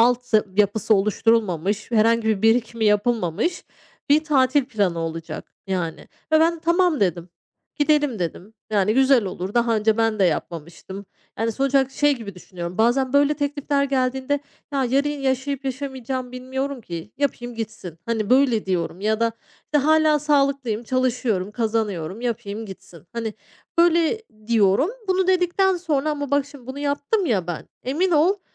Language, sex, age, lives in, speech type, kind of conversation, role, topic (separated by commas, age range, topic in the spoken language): Turkish, female, 35-39, Spain, conversation, advice, user, Sosyal baskıyla harcama alışkanlıklarımı dengeleyip tutumlulukla mahrumiyet arasında nasıl bir denge kurabilirim?